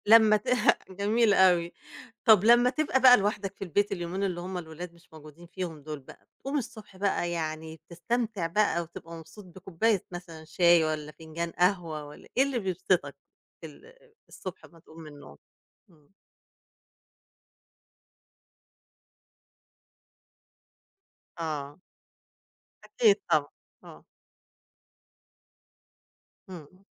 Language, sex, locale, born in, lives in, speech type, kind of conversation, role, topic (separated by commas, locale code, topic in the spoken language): Arabic, female, ar-EG, Egypt, Egypt, conversation, podcast, host, إيه الحاجات البسيطة اللي بتفرّحك في البيت كل يوم؟